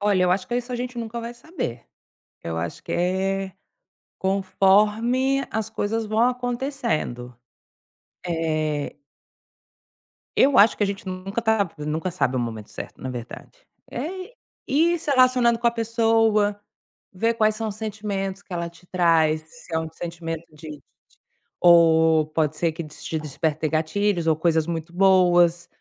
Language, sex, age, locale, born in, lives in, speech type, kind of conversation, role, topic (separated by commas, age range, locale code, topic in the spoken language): Portuguese, female, 35-39, pt-BR, Brazil, Spain, conversation, advice, advisor, Como lidar com o medo de voltar a namorar depois de um término?